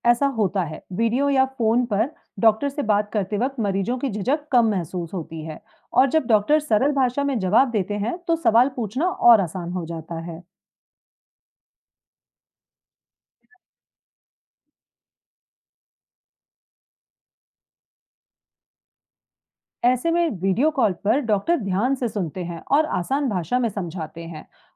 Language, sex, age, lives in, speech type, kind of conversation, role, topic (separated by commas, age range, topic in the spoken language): Hindi, female, 35-39, India, read, expert-assistant, expert, डॉक्टर लोग मरीज की देखभाल के लिए कौन-सा तरीका अपनाते हैं, जिससे इलाज में सबसे अच्छे नतीजे मिलें?